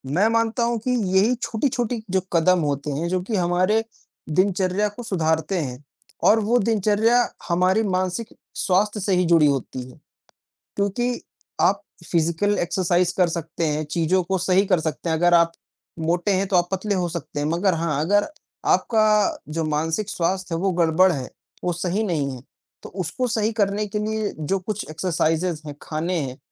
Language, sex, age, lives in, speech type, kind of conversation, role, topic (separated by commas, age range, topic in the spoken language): Hindi, male, 55-59, India, conversation, unstructured, none, आपके अनुसार मानसिक स्वास्थ्य क्यों ज़रूरी है?